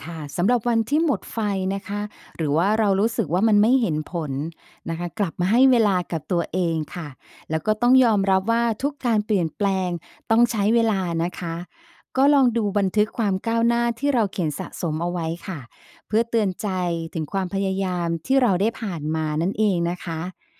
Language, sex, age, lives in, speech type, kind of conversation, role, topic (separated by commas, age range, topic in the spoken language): Thai, female, 50-54, Thailand, read, expert-assistant, expert, มีวิธีไหนบ้างที่ช่วยให้เราวางแผนเป้าหมายชีวิตแล้วทำได้จริง ไม่หลุดเป้าไปกลางทาง?